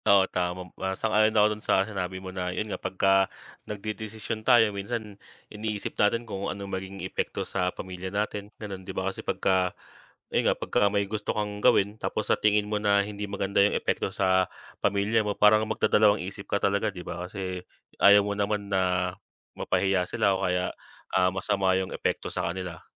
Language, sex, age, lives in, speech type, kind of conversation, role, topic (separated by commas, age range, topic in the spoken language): Filipino, male, 25-29, Philippines, conversation, unstructured, none, Ano ang naging papel ng pamilya mo sa paghubog ng iyong pagkatao?